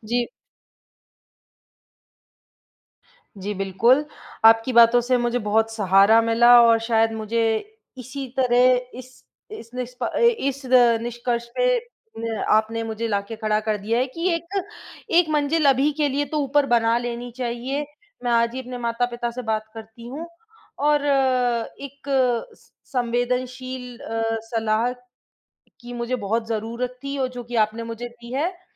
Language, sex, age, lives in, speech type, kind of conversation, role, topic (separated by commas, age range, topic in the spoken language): Hindi, female, 25-29, India, conversation, advice, user, क्या बड़े घर को खरीदने के लिए ऋण लेना आपके लिए सही फैसला होगा?